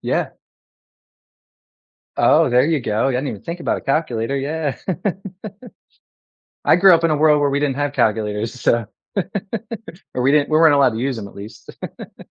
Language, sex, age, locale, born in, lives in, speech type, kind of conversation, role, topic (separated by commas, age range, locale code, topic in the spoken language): English, male, 30-34, en-US, United States, United States, conversation, advice, user, How can I gain confidence and manage new responsibilities after an unexpected promotion?